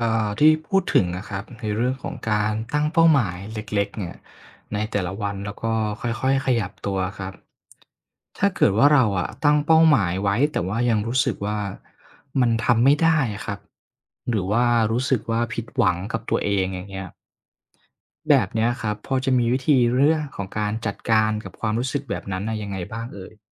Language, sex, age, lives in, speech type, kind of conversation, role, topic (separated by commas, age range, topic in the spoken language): Thai, male, 25-29, Thailand, read, expert-assistant, asker, สุขภาพใจสำคัญกับชีวิตแค่ไหน?